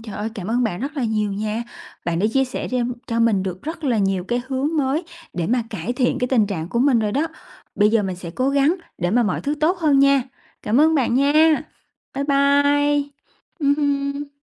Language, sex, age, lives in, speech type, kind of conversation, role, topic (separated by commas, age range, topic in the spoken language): Vietnamese, female, 30-34, Vietnam, conversation, advice, user, Bạn thường tự chỉ trích bản thân liên tục trong những tình huống nào và điều đó ảnh hưởng đến bạn ra sao?